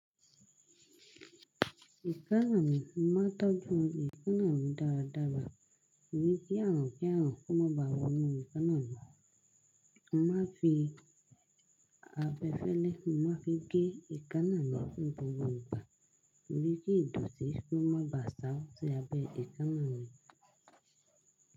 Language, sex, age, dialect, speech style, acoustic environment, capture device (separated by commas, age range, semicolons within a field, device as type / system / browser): Yoruba, female, 25-34, Nigeria - Lagos Yoruba, happy, silence, desktop / Linux / Chrome